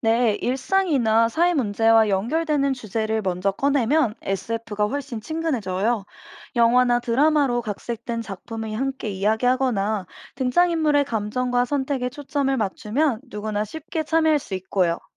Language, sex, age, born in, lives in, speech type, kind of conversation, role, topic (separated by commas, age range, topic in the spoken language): Korean, female, 25-29, South Korea, United States, read, expert-assistant, expert, 요즘 소설을 더 많은 사람들이 읽게 하려면 어떤 방법이 효과적일까요?